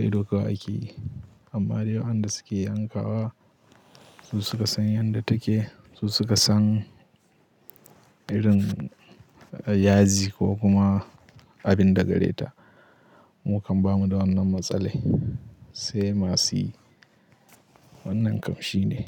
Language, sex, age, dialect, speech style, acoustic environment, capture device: Hausa, male, 18-24, Nigeria - Katsina, happy; anxious; nervous; excited; tired; relaxed; stressed; focused, people talking; music; nature; silence; traffic; construction; appliances, mobile / Linux / Chrome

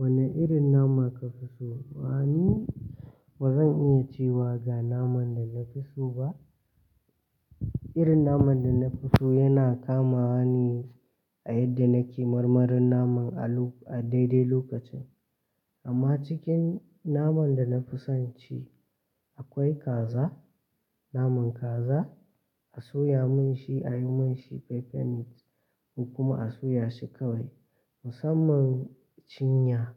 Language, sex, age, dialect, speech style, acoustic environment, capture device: Hausa, male, 18-24, Nigeria - Katsina, relaxed, nature, mobile / Linux / Chrome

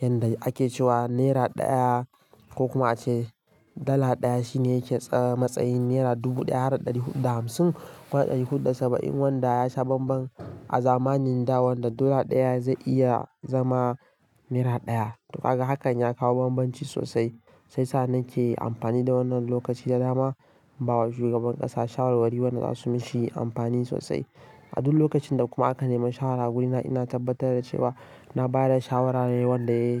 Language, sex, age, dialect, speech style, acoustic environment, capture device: Hausa, male, 18-24, Nigeria - Kano, relaxed; focused, nature, mobile / Linux / Chrome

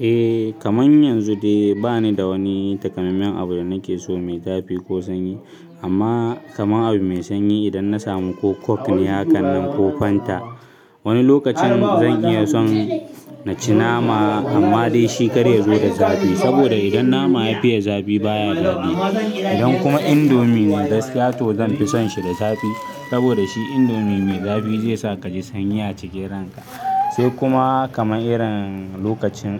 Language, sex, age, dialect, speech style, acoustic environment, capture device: Hausa, male, 18-24, Nigeria - Kano, focused, people talking, mobile / Linux / Chrome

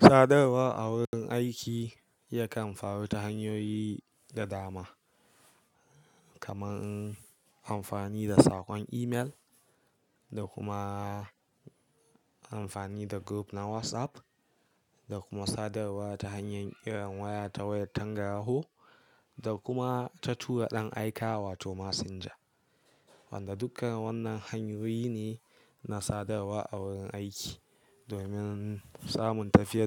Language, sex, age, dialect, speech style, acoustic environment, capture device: Hausa, male, 18-24, Nigeria - Kano, relaxed; focused, silence; nature, mobile / Linux / Chrome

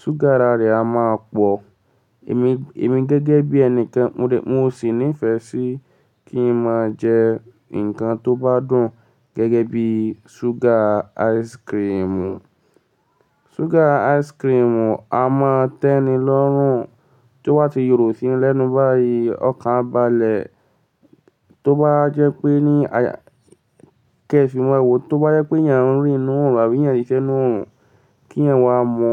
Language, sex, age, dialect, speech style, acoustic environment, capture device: Yoruba, male, 18-24, Nigeria - Lagos Yoruba, focused, silence, mobile / Linux / Chrome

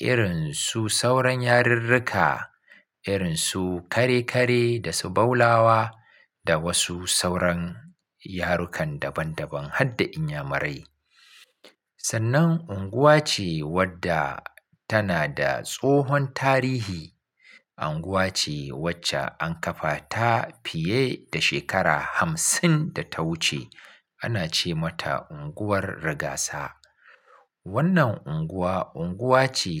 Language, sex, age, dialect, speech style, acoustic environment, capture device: Hausa, male, 35-44, Nigeria - Kano, focused; relaxed, silence, mobile / Linux / Chrome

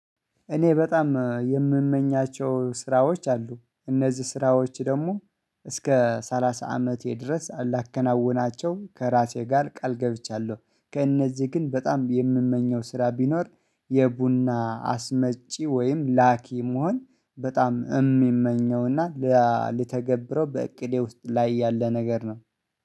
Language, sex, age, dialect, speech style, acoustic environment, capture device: Amharic, male, 25-34, Ethiopia - Addis Ababa, focused, silence, mobile / Linux / Chrome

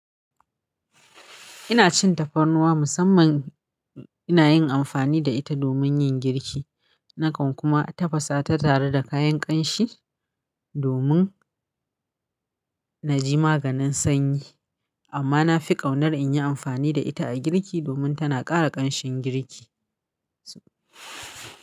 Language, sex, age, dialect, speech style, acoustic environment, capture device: Hausa, female, 35-44, Nigeria - Kano, happy; relaxed; tired, silence, mobile / Linux / Chrome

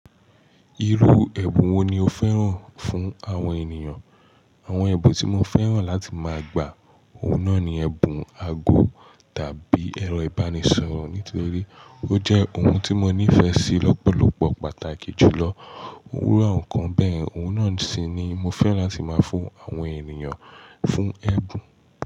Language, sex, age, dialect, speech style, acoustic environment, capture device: Yoruba, male, 18-24, Nigeria - Nigerian Standard, focused, silence, mobile / Linux / Chrome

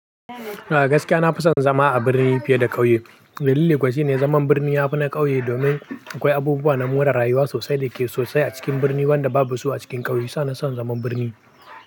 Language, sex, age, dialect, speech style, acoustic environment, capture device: Hausa, male, 18-24, Nigeria - Pidgin-influenced, happy, people talking, mobile / Linux / Chrome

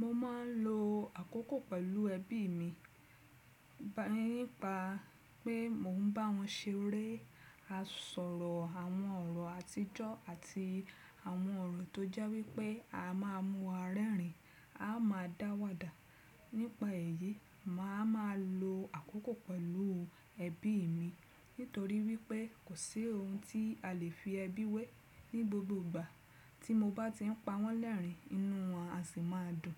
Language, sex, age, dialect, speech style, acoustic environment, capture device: Yoruba, female, 35-44, Nigeria - Lagos Yoruba, happy; relaxed; excited; focused, nature; silence, desktop / Windows / Chrome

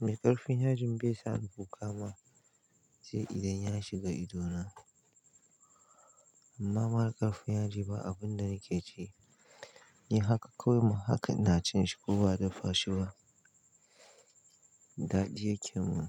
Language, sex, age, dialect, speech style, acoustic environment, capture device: Hausa, male, 25-34, Nigeria - Kano, happy, nature, mobile / Linux / Chrome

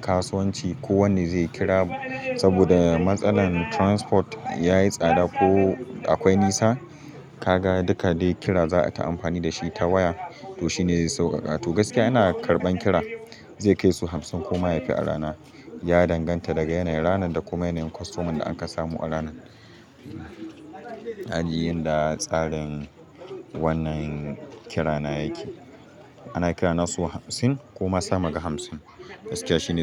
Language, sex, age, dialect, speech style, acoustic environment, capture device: Hausa, male, 18-24, Nigeria - Katsina, happy, silence, mobile / Linux / Chrome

